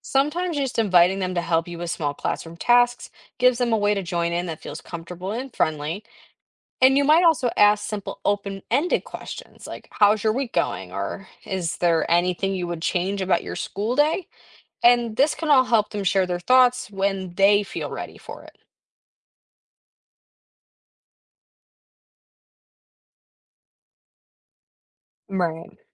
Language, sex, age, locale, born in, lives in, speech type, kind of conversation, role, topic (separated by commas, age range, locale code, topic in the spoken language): English, female, 18-19, en-US, United States, United States, read, expert-assistant, expert, What are the main causes of bullying in schools?